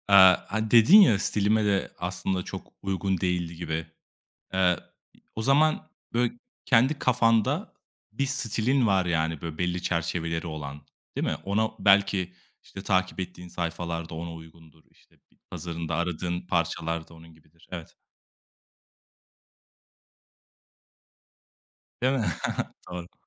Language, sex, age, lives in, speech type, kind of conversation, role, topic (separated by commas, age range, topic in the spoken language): Turkish, male, 25-29, Italy, conversation, podcast, host, Instagram veya bloglar sana stil ilhamı veriyor mu?